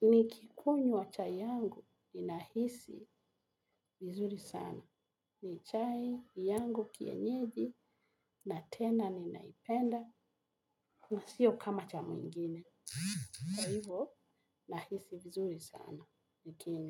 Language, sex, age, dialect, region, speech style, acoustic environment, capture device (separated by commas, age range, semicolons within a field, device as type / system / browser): Swahili, female, 45-54, Kenya - Nairobi Sheng-influenced, Kenya, relaxed, music, mobile / Linux / Chrome